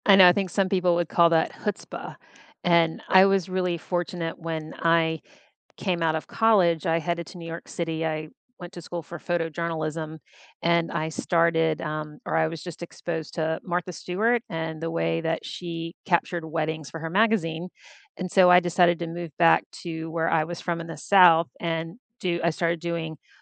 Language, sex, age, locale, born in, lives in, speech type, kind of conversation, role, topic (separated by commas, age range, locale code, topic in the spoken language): English, female, 50-54, en-US, United States, United States, conversation, unstructured, none, Who inspires you, what have you learned from them, and how do you balance their influence with forging your own path?